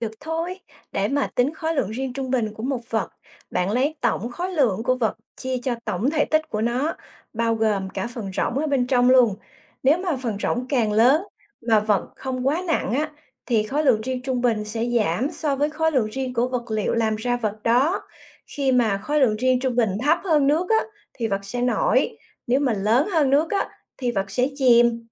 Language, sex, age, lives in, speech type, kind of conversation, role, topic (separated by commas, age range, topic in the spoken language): Vietnamese, female, 45-49, United States, read, expert-assistant, expert, Tại sao khi thả đá vào nước, đá lại chìm còn gỗ thì nổi?